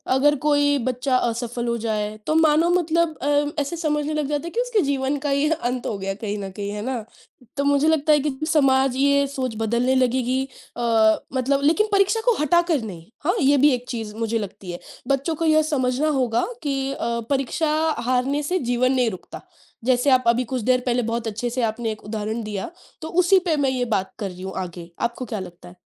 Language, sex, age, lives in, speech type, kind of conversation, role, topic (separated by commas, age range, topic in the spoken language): Hindi, female, 20-24, India, conversation, unstructured, none, क्या परीक्षा का दबाव छात्रों के लिए नुकसानदायक होता है?